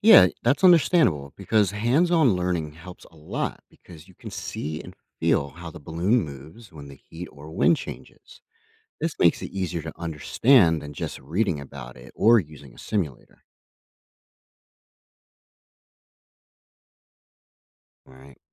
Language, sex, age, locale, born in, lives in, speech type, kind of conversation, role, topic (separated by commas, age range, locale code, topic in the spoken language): English, male, 30-34, en-US, United States, United States, read, expert-assistant, expert, How does a hot air balloon rise and float?